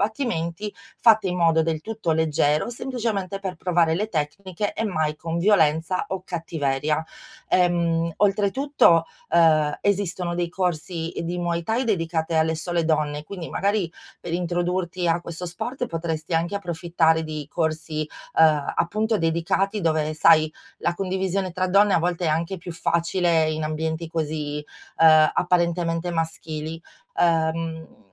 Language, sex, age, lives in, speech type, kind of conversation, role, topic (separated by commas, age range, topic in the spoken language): Italian, female, 40-44, Italy, conversation, advice, advisor, Come posso cambiare il mio stile di vita per migliorare la mia salute?